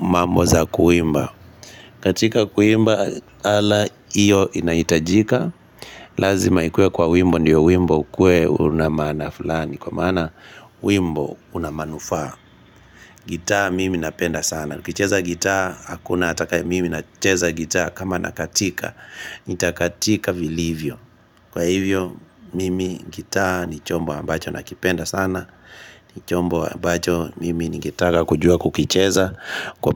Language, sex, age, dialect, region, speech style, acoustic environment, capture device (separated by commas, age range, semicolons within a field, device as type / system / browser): Swahili, male, 25-34, Kenya - Kitui, Kenya, relaxed, nature, mobile / Linux / Chrome